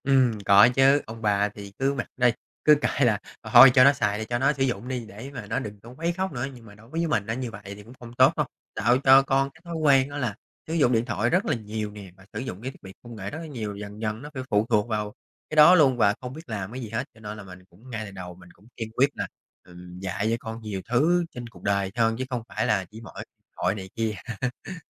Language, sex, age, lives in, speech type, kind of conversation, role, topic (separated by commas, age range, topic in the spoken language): Vietnamese, male, 30-34, Vietnam, conversation, podcast, guest, Làm sao dạy con dùng công nghệ mà vẫn giữ kết nối gia đình?